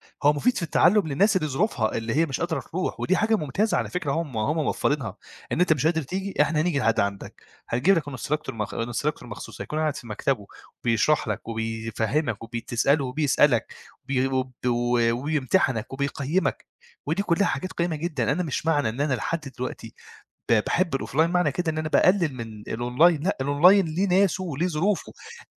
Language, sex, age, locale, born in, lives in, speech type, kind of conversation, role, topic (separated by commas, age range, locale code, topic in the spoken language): Arabic, male, 25-29, ar-EG, Egypt, Egypt, conversation, podcast, guest, إيه رأيك في التعليم أونلاين وتجربتك معاه؟